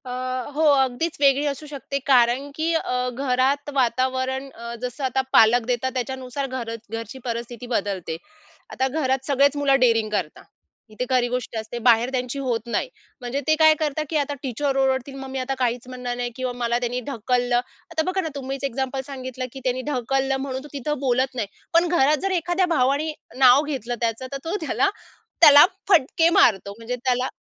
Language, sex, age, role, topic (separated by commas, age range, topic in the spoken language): Marathi, female, 30-34, guest, मुलांना अपयश स्वीकारायला शिकवण्यासाठी तुम्ही काय कराल?